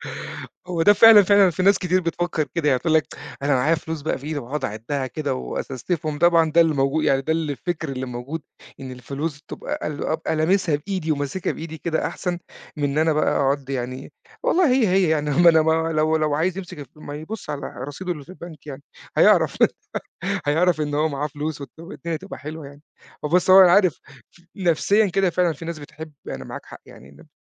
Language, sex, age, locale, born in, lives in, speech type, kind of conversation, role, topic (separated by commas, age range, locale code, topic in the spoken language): Arabic, male, 40-44, ar-EG, Egypt, Portugal, conversation, podcast, guest, إزاي اتأقلمت مع الدفع الرقمي بدل الكاش؟